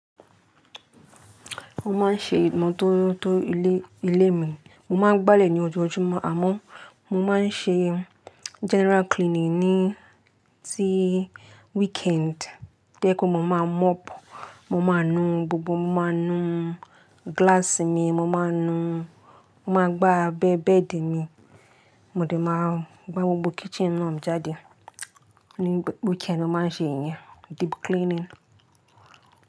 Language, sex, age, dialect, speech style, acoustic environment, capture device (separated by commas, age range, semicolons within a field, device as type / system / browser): Yoruba, female, 18-24, Nigeria - Lagos Yoruba, happy, appliances, mobile / Linux / Chrome